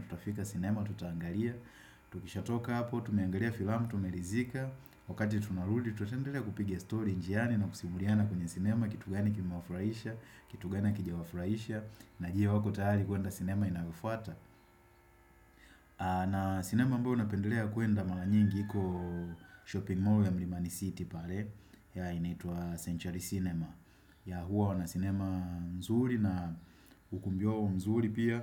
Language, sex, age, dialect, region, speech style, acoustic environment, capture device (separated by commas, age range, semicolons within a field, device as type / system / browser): Swahili, male, 25-34, Tanzania - Dar es Salaam, Tanzania, happy, nature, desktop / Windows / Chrome